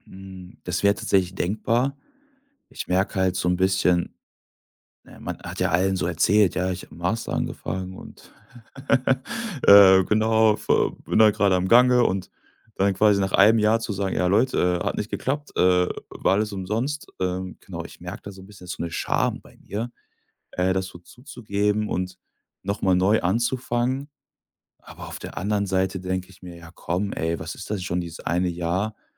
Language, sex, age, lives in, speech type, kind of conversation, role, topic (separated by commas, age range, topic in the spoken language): German, male, 25-29, Germany, conversation, advice, user, Warum schiebe ich trotz wichtiger Ziele ständig auf?